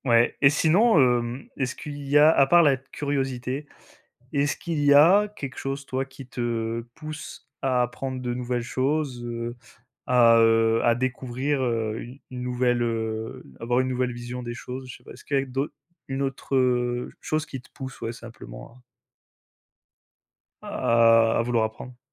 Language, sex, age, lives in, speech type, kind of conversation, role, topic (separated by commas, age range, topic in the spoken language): French, male, 25-29, France, conversation, unstructured, none, Qu’est-ce qui te donne envie d’apprendre chaque jour ?